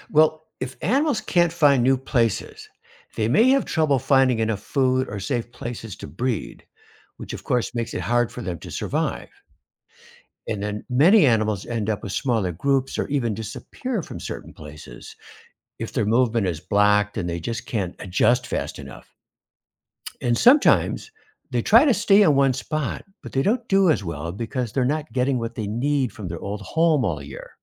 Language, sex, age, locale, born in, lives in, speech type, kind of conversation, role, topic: English, male, 70-74, en-US, United States, United States, read, expert-assistant, expert, Why do some animals migrate long distances?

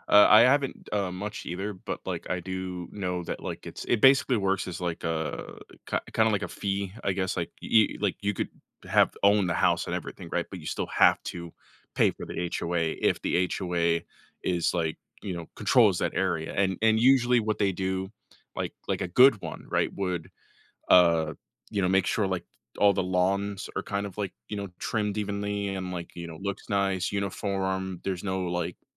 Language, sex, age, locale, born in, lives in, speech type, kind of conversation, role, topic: English, male, 25-29, en-US, United States, United States, conversation, podcast, host, What qualities help communities thrive and stay connected?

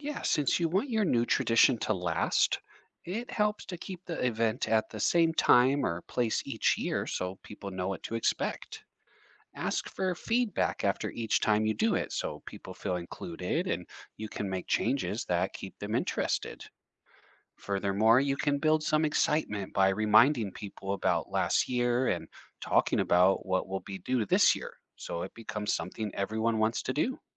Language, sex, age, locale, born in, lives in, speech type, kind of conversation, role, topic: English, male, 35-39, en-US, United States, United States, read, expert-assistant, expert, How do traditions help build a sense of community?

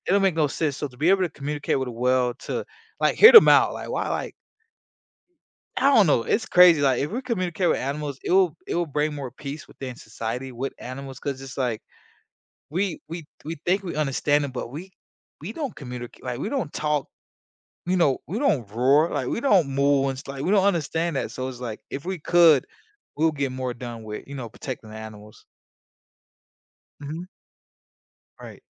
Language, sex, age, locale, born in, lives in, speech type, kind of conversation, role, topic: English, male, 25-29, en-US, United States, United States, conversation, unstructured, none, Would you rather be able to speak every language fluently or communicate with animals?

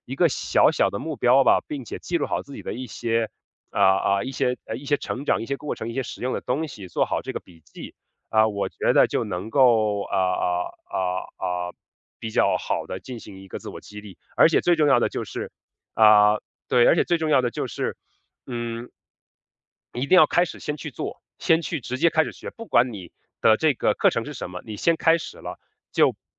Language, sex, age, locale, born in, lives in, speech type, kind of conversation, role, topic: Chinese, male, 30-34, zh-CN, China, United States, conversation, podcast, guest, 你最近在学什么新技能，是怎么开始的？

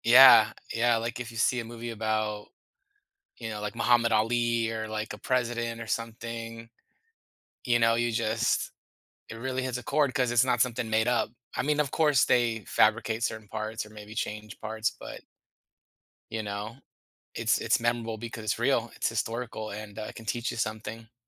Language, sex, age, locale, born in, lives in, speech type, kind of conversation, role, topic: English, male, 40-44, en-US, United States, United States, conversation, podcast, guest, What factors contribute to a film leaving a lasting impression on you?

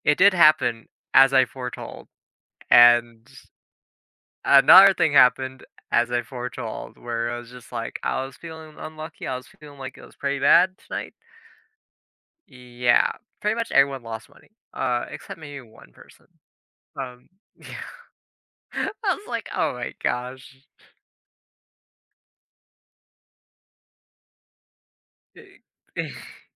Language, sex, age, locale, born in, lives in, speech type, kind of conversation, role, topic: English, male, 20-24, en-US, United States, United States, conversation, podcast, guest, What influences your approach to making big decisions in life?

